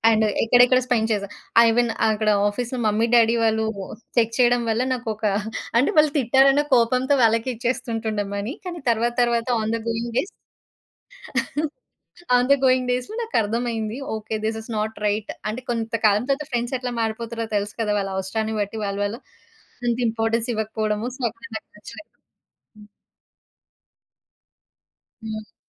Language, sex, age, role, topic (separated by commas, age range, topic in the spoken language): Telugu, female, 30-34, guest, డబ్బు విషయంలో మీరు చేసిన పొరపాట్లు మీకు ఏమి నేర్పించాయి?